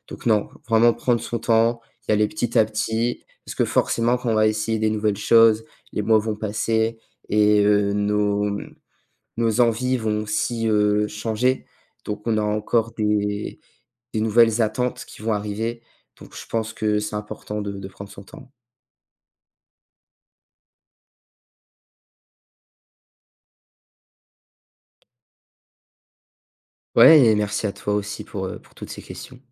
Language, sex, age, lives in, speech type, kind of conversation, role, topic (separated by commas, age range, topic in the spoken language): French, male, 18-19, France, conversation, podcast, guest, Comment exprimes-tu ton identité à travers tes vêtements ?